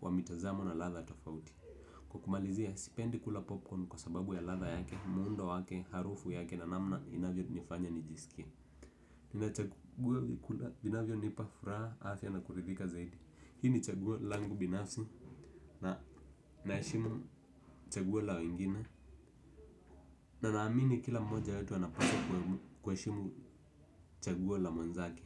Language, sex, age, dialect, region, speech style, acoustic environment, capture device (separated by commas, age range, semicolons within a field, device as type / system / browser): Swahili, male, 18-24, Kenya - Nairobi Sheng-influenced, Kenya, relaxed; focused; happy, silence, desktop / Windows / Edge